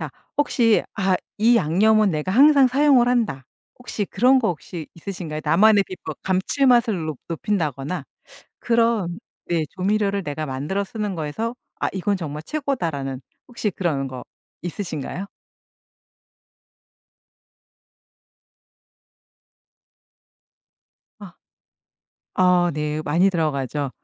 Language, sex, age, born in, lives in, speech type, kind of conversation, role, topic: Korean, female, 50-54, South Korea, United States, conversation, podcast, host, 요리 계획은 보통 어떻게 세우시나요?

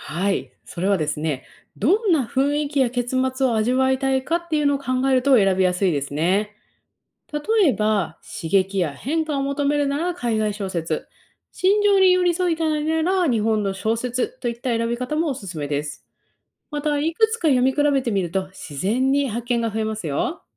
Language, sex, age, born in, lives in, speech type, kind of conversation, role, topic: Japanese, female, 30-34, Japan, United States, read, expert-assistant, expert, 海外の小説と日本の小説、それぞれ読むときの良い点と困る点は何ですか？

